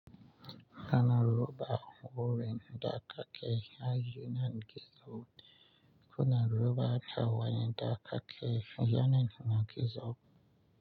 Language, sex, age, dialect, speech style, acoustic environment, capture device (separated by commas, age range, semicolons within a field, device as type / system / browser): Hausa, male, 25-34, Nigeria - Nigerian Standard, relaxed, nature, mobile / Linux / Chrome